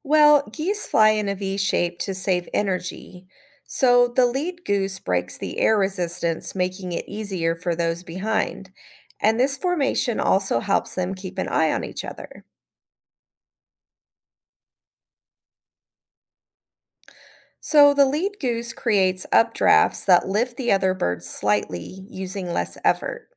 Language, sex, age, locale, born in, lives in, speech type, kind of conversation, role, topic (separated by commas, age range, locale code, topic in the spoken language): English, female, 40-44, en-US, United States, United States, read, expert-assistant, expert, How do geese fly in a V shape during migration?